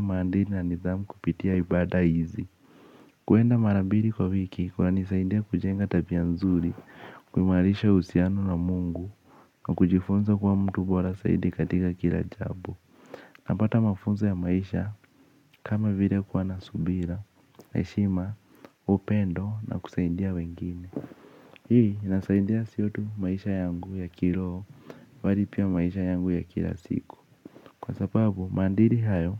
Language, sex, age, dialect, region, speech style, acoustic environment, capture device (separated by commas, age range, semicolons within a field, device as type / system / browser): Swahili, male, 18-24, Kenya - Nairobi Sheng-influenced, Kenya, focused; relaxed, nature, mobile / Linux / Chrome